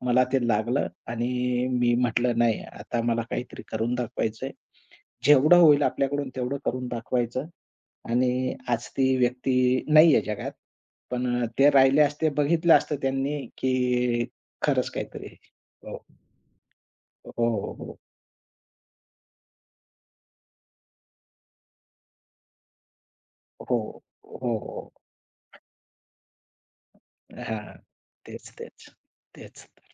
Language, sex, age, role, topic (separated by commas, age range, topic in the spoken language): Marathi, male, 20-24, guest, तुमच्या आयुष्यातला सर्वात मोठा बदल कधी झाला?